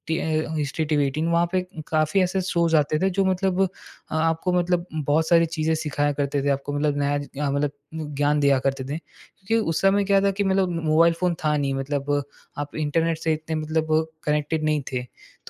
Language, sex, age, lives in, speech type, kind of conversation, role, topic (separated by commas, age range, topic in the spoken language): Hindi, male, 20-24, India, conversation, podcast, guest, Curiosity ko roz ek habit me kaise badalte ho?